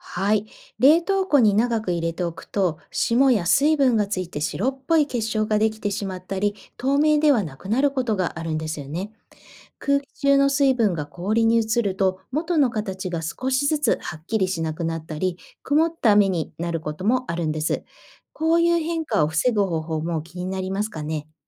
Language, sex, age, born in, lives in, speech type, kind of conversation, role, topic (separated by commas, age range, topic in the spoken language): Japanese, female, 45-49, Japan, Japan, read, expert-assistant, expert, どうして雪は六角形の結晶になるのでしょうか？